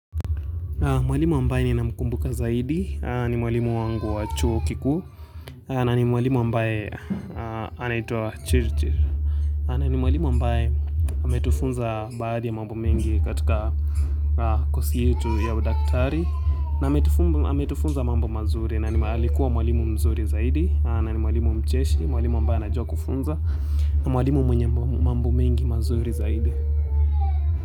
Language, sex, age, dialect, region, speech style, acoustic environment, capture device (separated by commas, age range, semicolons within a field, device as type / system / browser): Swahili, male, 18-24, Kenya - Nairobi Sheng-influenced, Kenya, focused, nature, mobile / Linux / Chrome